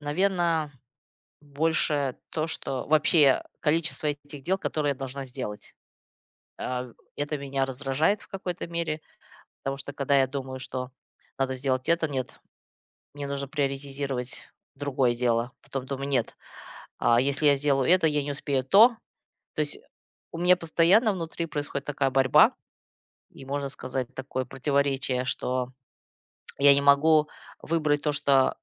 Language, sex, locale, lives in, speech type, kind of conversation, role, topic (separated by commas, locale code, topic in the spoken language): Russian, female, ru-RU, United States, conversation, advice, user, Почему я раздражаюсь и тревожусь, когда пытаюсь отдохнуть дома?